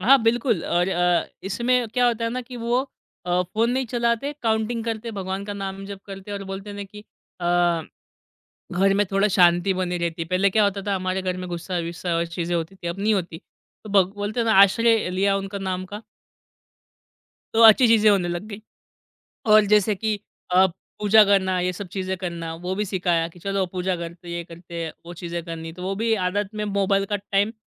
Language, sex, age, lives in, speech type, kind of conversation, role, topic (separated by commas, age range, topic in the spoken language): Hindi, male, 25-29, India, conversation, podcast, guest, Aap apne ghar mein phone-free family time kaise implement karte hain?